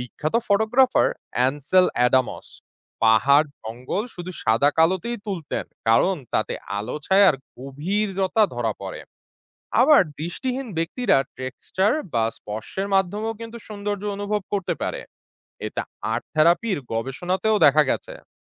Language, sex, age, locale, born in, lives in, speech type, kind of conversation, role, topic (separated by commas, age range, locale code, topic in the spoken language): Bengali, male, 25-29, bn-BD, Bangladesh, Bangladesh, read, expert-assistant, expert, ভাবুন, যদি পৃথিবীতে কেবল সাদা-কালো ছবি থাকত, তাহলে আমাদের শিল্প ও সংস্কৃতি কেমন হতো বলে মনে করেন?